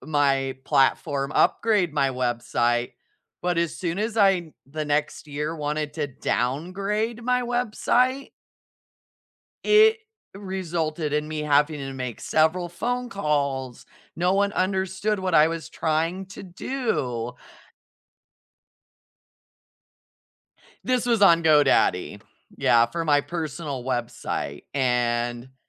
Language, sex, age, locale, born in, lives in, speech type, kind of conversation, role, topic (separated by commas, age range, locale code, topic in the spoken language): English, female, 40-44, en-US, United States, United States, conversation, unstructured, none, What’s something surprising you’ve learned while working toward a goal?